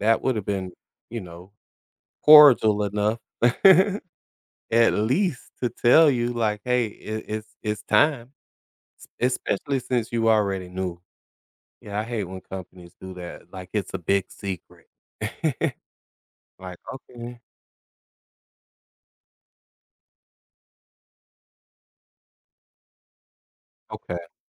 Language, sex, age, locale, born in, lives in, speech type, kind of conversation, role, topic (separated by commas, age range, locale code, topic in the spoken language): English, male, 40-44, en-US, United States, United States, conversation, unstructured, none, How can one find purpose when an old life plan stops fitting?